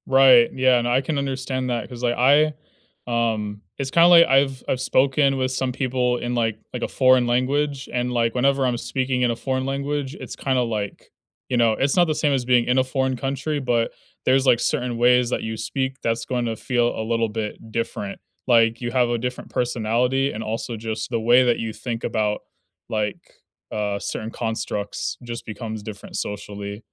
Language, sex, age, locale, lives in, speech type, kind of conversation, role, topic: English, male, 20-24, en-US, United States, conversation, unstructured, none, Where do you feel most at home, and what personal touches and memories make it special to you?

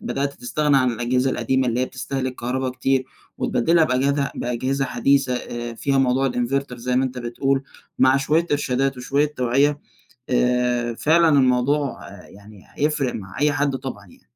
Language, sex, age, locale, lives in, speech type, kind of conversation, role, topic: Arabic, male, 20-24, ar-EG, Egypt, conversation, podcast, host, ازاي نوفر كهربا في البيت من غير ما ندفع كتير؟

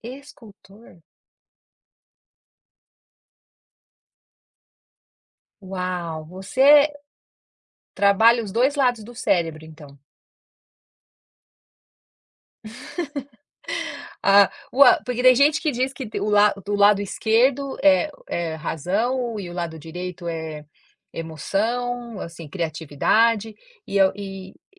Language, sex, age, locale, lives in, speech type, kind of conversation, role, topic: Portuguese, female, 50-54, pt-BR, United States, conversation, podcast, host, Como você transforma uma ideia vaga em algo concreto?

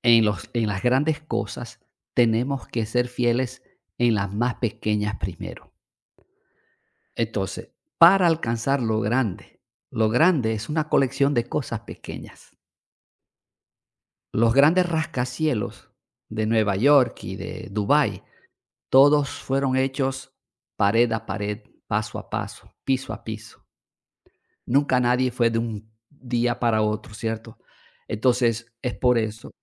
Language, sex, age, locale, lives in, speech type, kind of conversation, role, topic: Spanish, male, 55-59, es-VE, Portugal, conversation, unstructured, none, ¿Cómo puedes mantener viva la chispa con el paso del tiempo?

